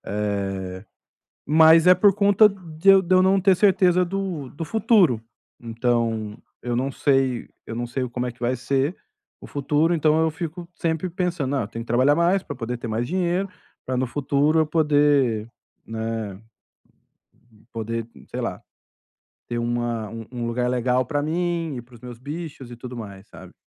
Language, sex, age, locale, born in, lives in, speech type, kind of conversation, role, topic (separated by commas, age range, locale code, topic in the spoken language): Portuguese, male, 45-49, pt-BR, Brazil, Spain, conversation, advice, user, Por que me sinto culpado quando tento descansar?